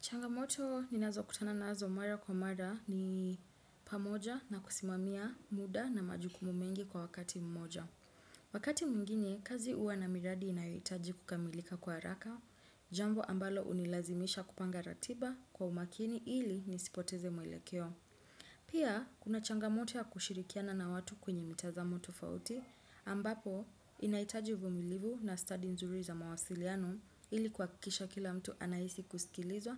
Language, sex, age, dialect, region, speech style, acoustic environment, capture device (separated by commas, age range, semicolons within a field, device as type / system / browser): Swahili, female, 25-34, Kenya - Nairobi Sheng-influenced, Kenya, anxious, silence, desktop / Windows / Chrome